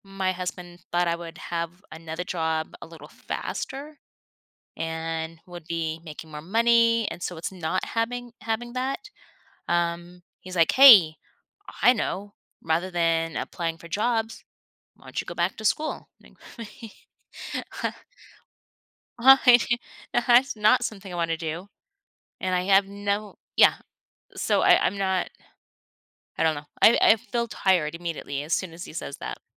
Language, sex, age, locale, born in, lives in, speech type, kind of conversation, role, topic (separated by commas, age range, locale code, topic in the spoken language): English, female, 50-54, en-US, United States, United States, conversation, advice, user, How can I stop worrying about disappointing my partner?